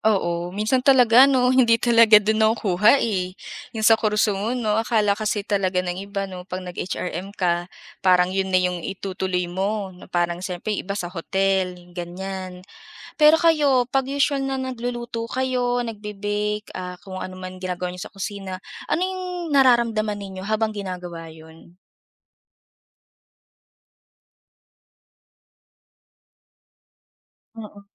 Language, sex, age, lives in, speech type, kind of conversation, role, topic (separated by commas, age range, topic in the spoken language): Filipino, female, 25-29, Philippines, conversation, podcast, host, Ano ang pinakasimpleng kasiyahan mo sa kusina?